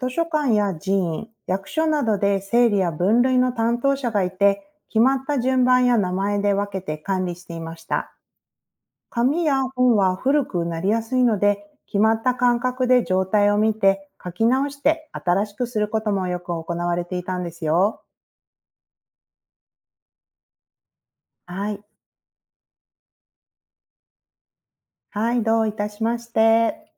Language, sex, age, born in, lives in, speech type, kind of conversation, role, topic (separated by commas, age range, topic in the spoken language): Japanese, female, 40-44, Japan, United States, read, expert-assistant, expert, なぜ人は記録を残すのか？